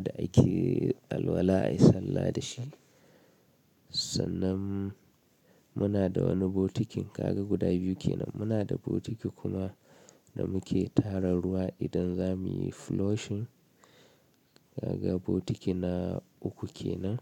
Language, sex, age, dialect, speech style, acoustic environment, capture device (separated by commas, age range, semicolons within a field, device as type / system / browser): Hausa, male, 25-34, Nigeria - Kano, anxious; nervous, silence, mobile / Linux / Chrome